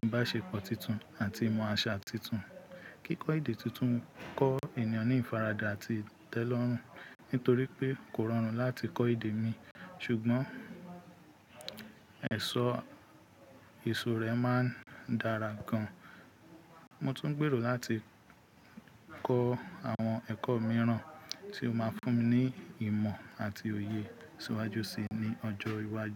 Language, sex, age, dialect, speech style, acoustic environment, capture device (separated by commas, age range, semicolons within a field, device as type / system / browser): Yoruba, male, 25-34, Nigeria - Ibadan, relaxed, people talking; nature, mobile / Linux / Chrome